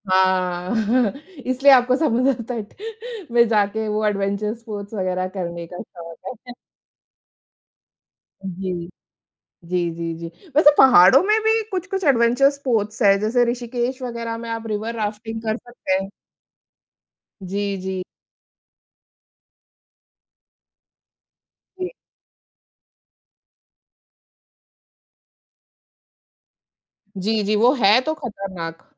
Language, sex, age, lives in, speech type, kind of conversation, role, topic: Hindi, female, 35-39, India, conversation, unstructured, none, गर्मी की छुट्टियों में आप पहाड़ों पर जाना पसंद करेंगे या समुद्र तट पर?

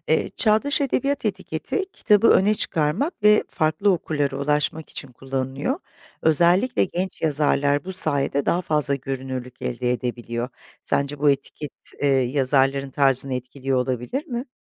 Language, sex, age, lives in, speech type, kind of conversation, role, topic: Turkish, female, 50-54, Italy, read, expert-assistant, expert, Bazı kitaplar neden hemen 'çağdaş edebiyat' olarak anılıyor da bazıları anılmıyor? Bu konuda net bir kural var mı?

